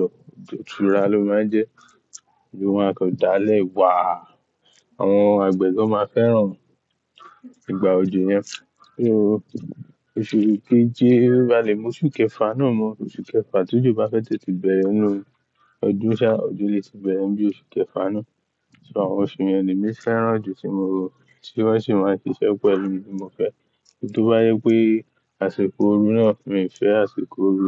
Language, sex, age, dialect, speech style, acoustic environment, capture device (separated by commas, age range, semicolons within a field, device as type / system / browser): Yoruba, male, 18-24, Nigeria - Ibadan, happy, silence, mobile / Linux / Chrome